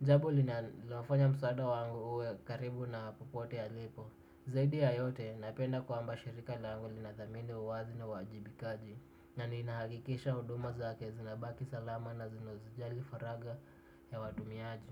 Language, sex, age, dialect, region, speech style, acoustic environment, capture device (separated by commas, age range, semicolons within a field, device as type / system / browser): Swahili, male, 18-24, Kenya - Nairobi Sheng-influenced, Kenya, relaxed, silence, desktop / Windows / Chrome